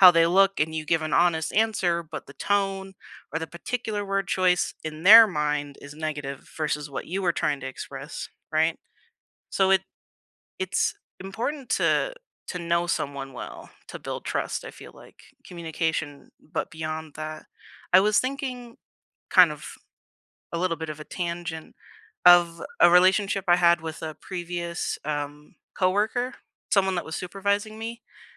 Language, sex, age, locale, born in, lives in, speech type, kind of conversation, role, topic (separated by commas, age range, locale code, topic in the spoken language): English, female, 20-24, en-US, United States, United States, conversation, unstructured, none, What builds trust for you in any relationship?